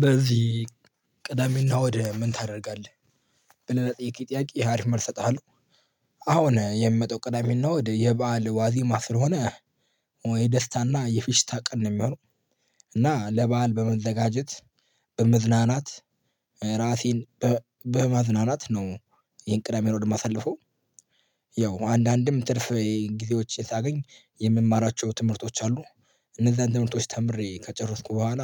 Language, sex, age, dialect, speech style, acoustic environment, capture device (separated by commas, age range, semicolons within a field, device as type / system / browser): Amharic, male, 18-24, Ethiopia - Addis Ababa, happy, people talking, mobile / Linux / Chrome